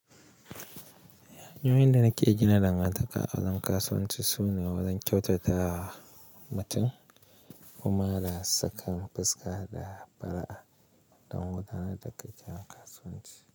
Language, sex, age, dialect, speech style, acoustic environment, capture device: Hausa, male, 25-34, Nigeria - Kano, focused, silence, mobile / Linux / Chrome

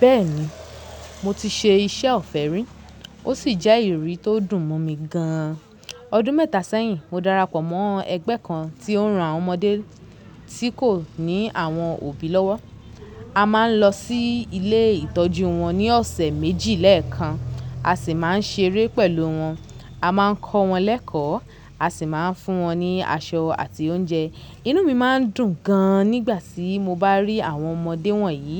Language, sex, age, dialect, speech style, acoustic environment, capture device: Yoruba, female, 25-34, Nigeria - Lagos Yoruba, happy; focused, nature; people talking, mobile / Linux / Chrome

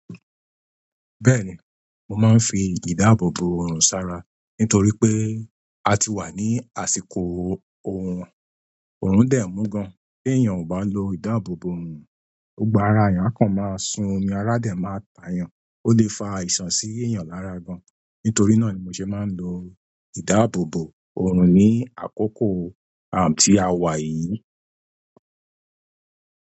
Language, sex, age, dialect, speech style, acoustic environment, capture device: Yoruba, male, 35-44, Nigeria - Lagos Yoruba, happy, people talking; appliances; nature, mobile / Linux / Chrome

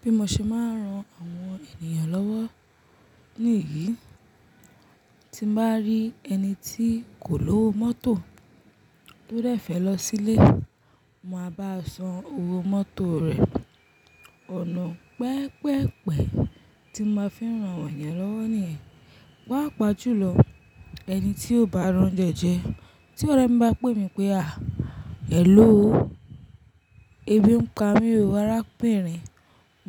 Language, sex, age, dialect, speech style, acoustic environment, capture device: Yoruba, female, 25-34, Nigeria - Lagos Yoruba, focused, nature, mobile / Linux / Chrome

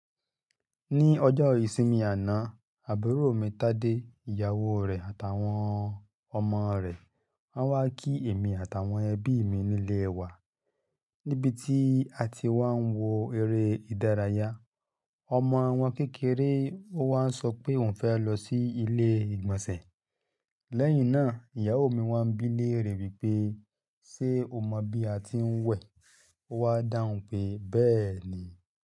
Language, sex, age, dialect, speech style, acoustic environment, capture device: Yoruba, male, 35-44, Nigeria - Ibadan, happy; excited; focused; relaxed, nature, mobile / Linux / Chrome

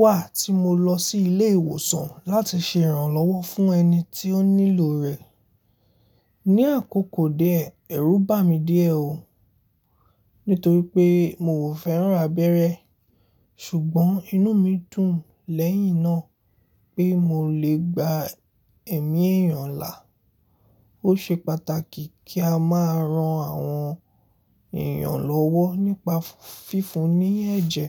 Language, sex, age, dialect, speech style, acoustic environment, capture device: Yoruba, male, 18-24, Nigeria - Lagos Yoruba, happy; focused, silence, mobile / Linux / Chrome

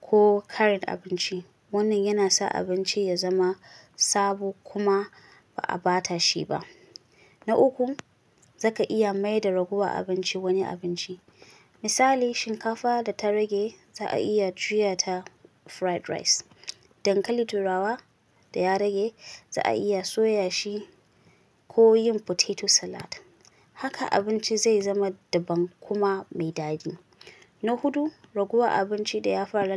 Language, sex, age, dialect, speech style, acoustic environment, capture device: Hausa, female, 25-34, Nigeria - Kano, excited; happy, silence, mobile / Linux / Chrome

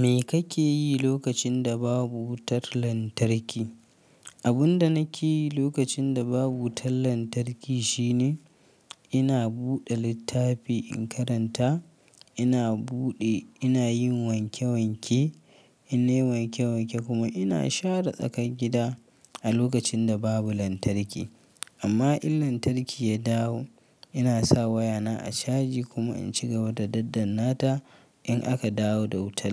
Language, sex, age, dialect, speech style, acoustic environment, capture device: Hausa, male, 25-34, Nigeria - Kano, happy, nature; silence, mobile / Linux / Chrome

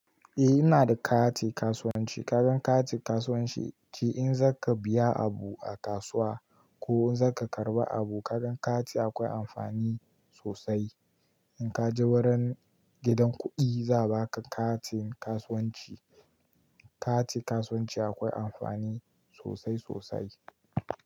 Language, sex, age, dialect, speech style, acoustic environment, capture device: Hausa, male, 18-24, Nigeria - Kano, relaxed, nature, mobile / Linux / Chrome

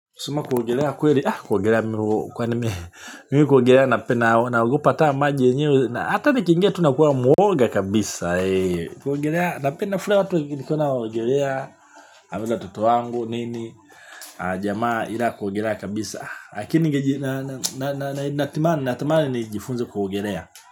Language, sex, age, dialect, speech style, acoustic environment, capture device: Swahili, male, 45-54, Tanzania - Dar es Salaam, happy, people talking; appliances, mobile / Linux / Chrome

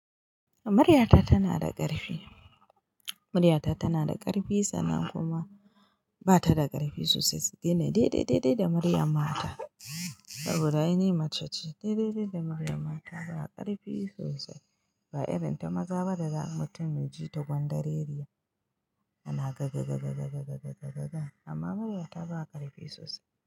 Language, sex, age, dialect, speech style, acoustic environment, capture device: Hausa, female, 25-34, Nigeria - Kano, happy, silence; nature, mobile / Linux / Chrome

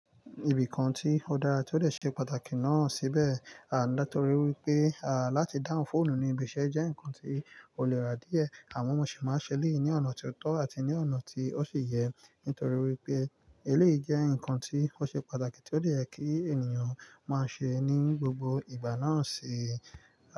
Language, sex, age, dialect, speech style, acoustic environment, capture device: Yoruba, male, 25-34, Nigeria - Nigerian Standard, relaxed, nature, mobile / Linux / Chrome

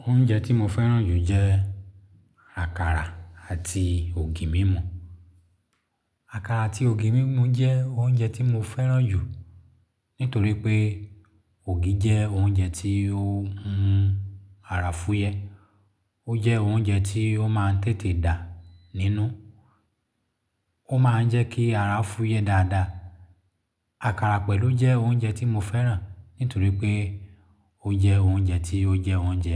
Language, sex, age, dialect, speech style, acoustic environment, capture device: Yoruba, male, 35-44, Nigeria - Lagos Yoruba, happy; focused; relaxed; excited, silence, mobile / Linux / Chrome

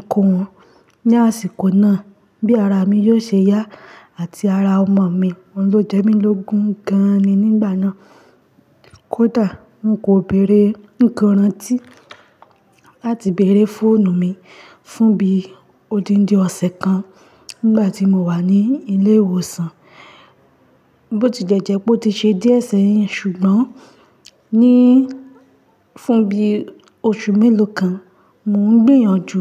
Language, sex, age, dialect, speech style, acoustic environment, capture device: Yoruba, female, 25-34, Nigeria - Ibadan, relaxed, silence, mobile / Linux / Chrome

